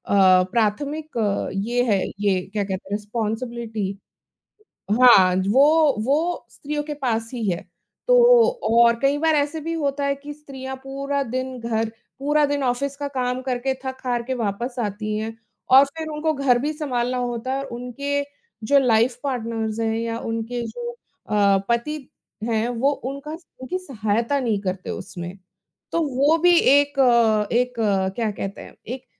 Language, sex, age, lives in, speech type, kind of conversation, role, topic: Hindi, female, 35-39, India, conversation, unstructured, none, समाज में आज महिलाओं की भूमिका कैसे बदली है?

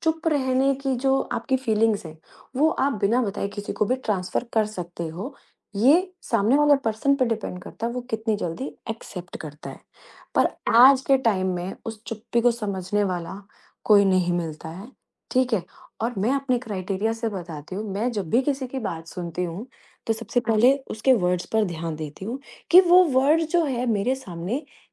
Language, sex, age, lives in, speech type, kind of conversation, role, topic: Hindi, female, 35-39, India, conversation, podcast, guest, Jab tumhe kisi ki feelings samajhni hoti hain, toh tum usually kya tareeka apnaate ho?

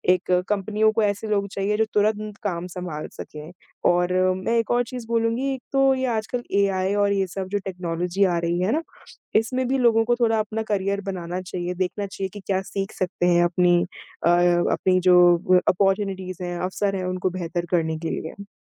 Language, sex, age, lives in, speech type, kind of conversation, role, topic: Hindi, female, 20-24, India, conversation, podcast, guest, घर से काम करने से आपकी दिनचर्या में क्या बदलाव आया है?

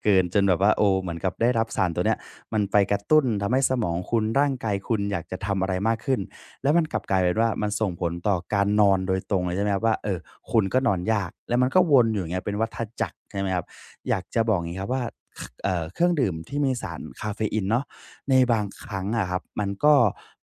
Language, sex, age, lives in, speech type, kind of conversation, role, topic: Thai, male, 20-24, Thailand, conversation, advice, advisor, ฉันจะจัดการการนอนและลดการดื่มคาเฟอีนโดยไม่เพิ่มความเครียดได้อย่างไร?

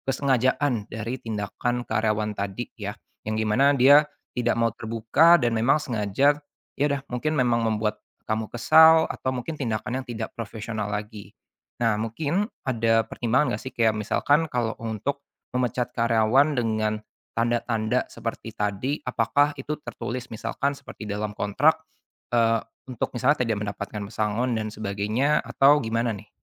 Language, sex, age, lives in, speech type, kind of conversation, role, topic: Indonesian, male, 25-29, Indonesia, conversation, advice, advisor, Kapan sebaiknya saya memecat atau mempertahankan karyawan yang bermasalah?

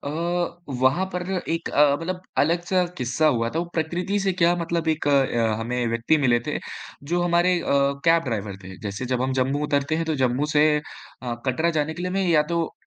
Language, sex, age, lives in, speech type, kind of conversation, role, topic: Hindi, male, 20-24, India, conversation, podcast, guest, कौन-सी यात्रा आपको प्रकृति के सबसे करीब ले गई?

